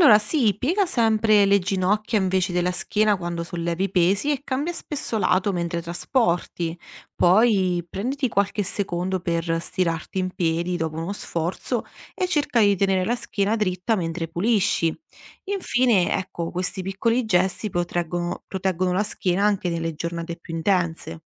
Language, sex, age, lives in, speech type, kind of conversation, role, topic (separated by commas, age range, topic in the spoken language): Italian, female, 30-34, Italy, read, expert-assistant, expert, Quali strumenti pratici possono aiutare chi lavora con gli animali a risparmiare tempo e fatica nella routine di cura?